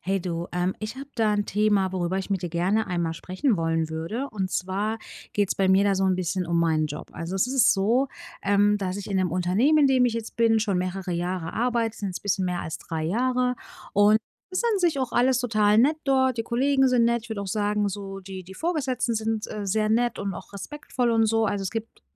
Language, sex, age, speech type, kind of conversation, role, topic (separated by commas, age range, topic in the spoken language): German, female, 35-39, conversation, advice, user, Woran merke ich, dass jetzt der richtige Zeitpunkt für einen Jobwechsel ist?